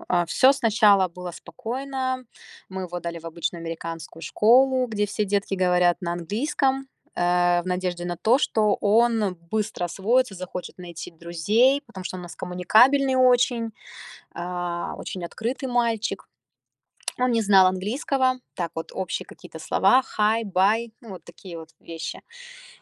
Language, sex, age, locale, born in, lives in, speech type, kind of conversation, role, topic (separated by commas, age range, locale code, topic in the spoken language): Russian, female, 30-34, ru-RU, Russia, United States, conversation, advice, user, Как мне установить личные границы и добиться уважения в новой культуре?